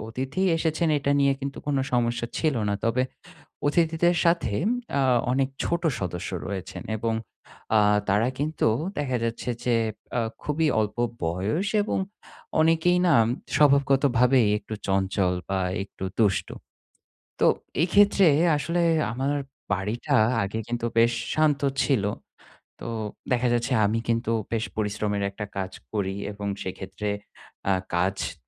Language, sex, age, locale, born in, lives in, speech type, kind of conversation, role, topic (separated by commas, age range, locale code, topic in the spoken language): Bengali, male, 30-34, bn-BD, Bangladesh, Finland, conversation, advice, user, বাড়িতে আরাম করা সম্ভব হচ্ছে না